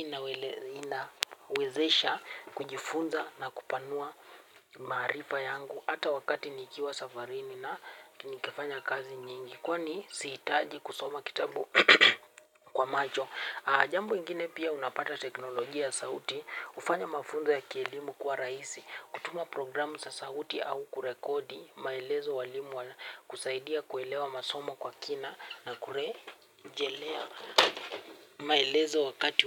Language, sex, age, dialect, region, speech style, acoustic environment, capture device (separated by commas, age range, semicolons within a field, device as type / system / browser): Swahili, male, 18-24, Kenya - Nairobi Sheng-influenced, Kenya, happy; relaxed, nature, mobile / Linux / Chrome